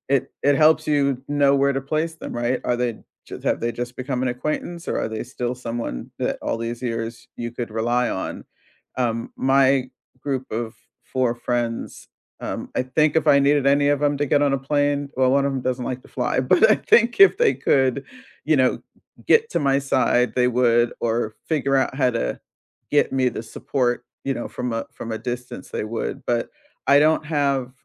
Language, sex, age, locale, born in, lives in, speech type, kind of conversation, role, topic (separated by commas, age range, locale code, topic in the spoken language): English, female, 60-64, en-US, United States, United States, conversation, unstructured, none, Which friendship tradition do you cherish and keep alive, and how do you keep it meaningful today?